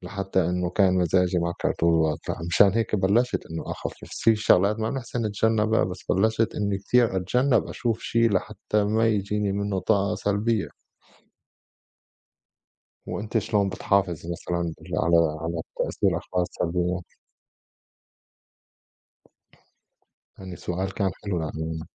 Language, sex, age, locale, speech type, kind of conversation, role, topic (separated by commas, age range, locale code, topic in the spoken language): Arabic, male, 35-39, ar-SY, conversation, unstructured, none, إزاي بتتعامل مع الأخبار السلبية اللي بتقلقك؟